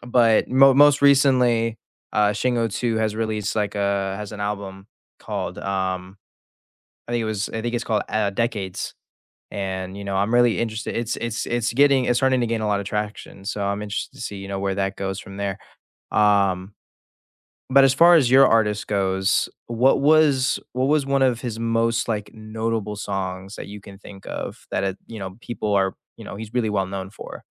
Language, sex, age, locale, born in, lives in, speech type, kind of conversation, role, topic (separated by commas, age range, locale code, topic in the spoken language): English, male, 18-19, en-US, United States, United States, conversation, unstructured, none, Which new artists or creators are you excited to follow this year?